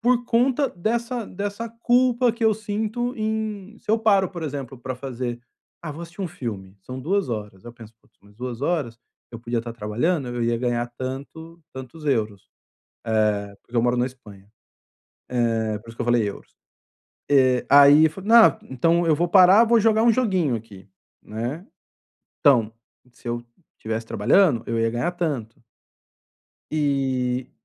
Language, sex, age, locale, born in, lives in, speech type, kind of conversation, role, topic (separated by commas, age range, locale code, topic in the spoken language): Portuguese, male, 45-49, pt-BR, Brazil, Spain, conversation, advice, user, Por que me sinto culpado quando tento descansar?